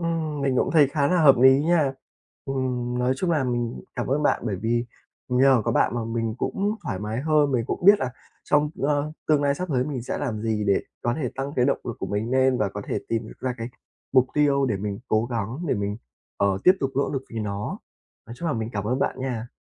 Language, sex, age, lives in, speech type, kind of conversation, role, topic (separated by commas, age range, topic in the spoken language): Vietnamese, male, 20-24, Vietnam, conversation, advice, user, Làm sao để tìm lại động lực dài hạn và biết mình nên nỗ lực vì điều gì?